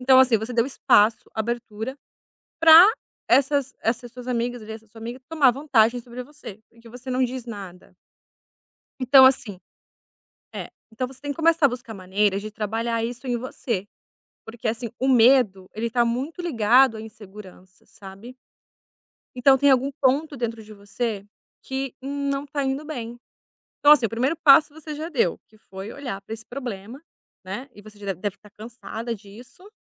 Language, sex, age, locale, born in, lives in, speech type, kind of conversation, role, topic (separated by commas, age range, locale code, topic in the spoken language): Portuguese, female, 30-34, pt-BR, Brazil, Italy, conversation, advice, advisor, Como posso conversar com meus amigos sobre conflitos e divergências sem acabar em uma briga?